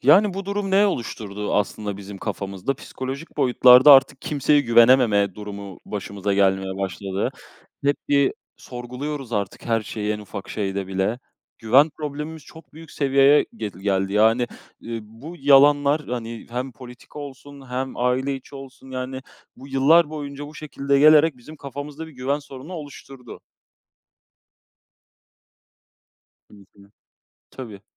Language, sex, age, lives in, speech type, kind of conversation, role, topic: Turkish, male, 20-24, Poland, conversation, unstructured, none, Yalan söylemek her zaman kötü müdür?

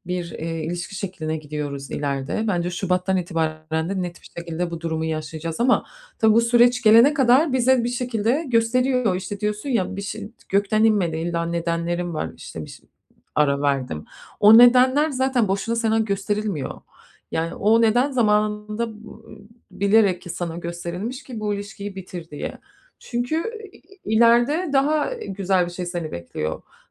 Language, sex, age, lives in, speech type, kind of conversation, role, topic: Turkish, female, 35-39, United States, conversation, advice, advisor, Sosyal hayatımı geliştirmekte veya anlamlı ilişkiler kurmakta neden zorlanıyorum?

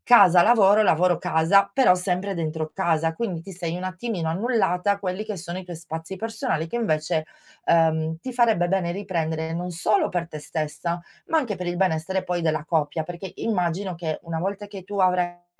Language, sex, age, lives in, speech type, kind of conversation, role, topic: Italian, female, 40-44, Italy, conversation, advice, advisor, Come posso trovare un equilibrio tra la vita di coppia e la mia autonomia personale?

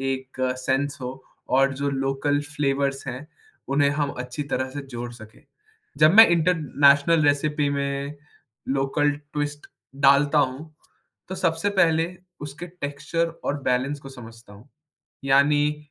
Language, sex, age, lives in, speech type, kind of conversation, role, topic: Hindi, male, 20-24, India, conversation, podcast, guest, अंतरराष्ट्रीय व्यंजनों को स्थानीय स्वाद के अनुरूप नया रूप देने का सही तरीका क्या है?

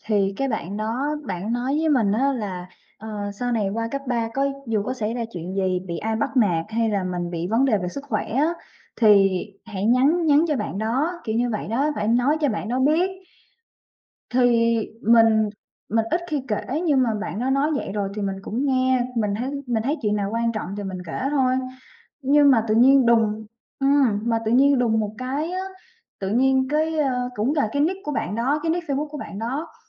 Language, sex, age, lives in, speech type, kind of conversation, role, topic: Vietnamese, female, 25-29, Vietnam, conversation, advice, user, Tại sao bạn thân của tôi thay đổi và trở nên xa lạ, và tôi nên làm gì để cải thiện mối quan hệ này?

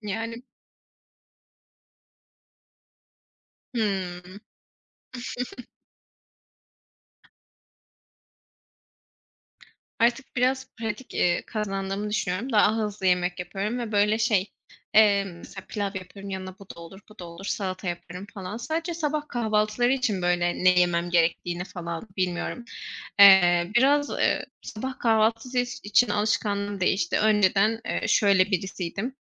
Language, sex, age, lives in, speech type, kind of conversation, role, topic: Turkish, female, 25-29, Poland, conversation, unstructured, none, Evde yemek yapmayı mı yoksa dışarıda yemek yemeyi mi tercih edersin?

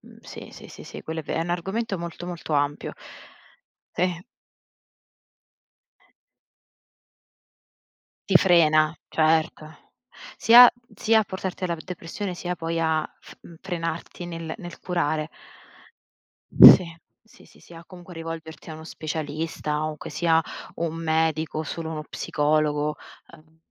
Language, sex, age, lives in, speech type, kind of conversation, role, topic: Italian, female, 30-34, Italy, conversation, unstructured, none, Pensi che la società capisca davvero la depressione?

